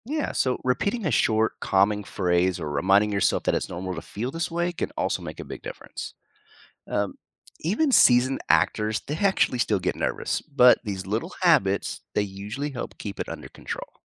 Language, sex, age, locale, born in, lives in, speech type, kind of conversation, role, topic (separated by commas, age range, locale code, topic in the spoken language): English, male, 45-49, en-US, United States, United States, read, expert-assistant, expert, How can stage fright be managed before performing?